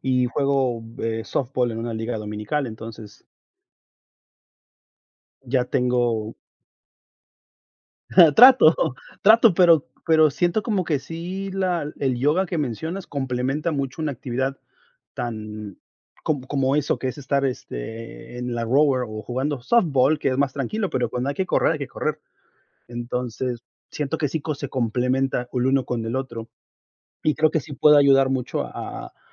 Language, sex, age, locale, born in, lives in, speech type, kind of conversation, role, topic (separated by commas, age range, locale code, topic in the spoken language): Spanish, male, 40-44, es-MX, Mexico, United States, conversation, unstructured, none, ¿Cómo cambia el ejercicio tu estado de ánimo?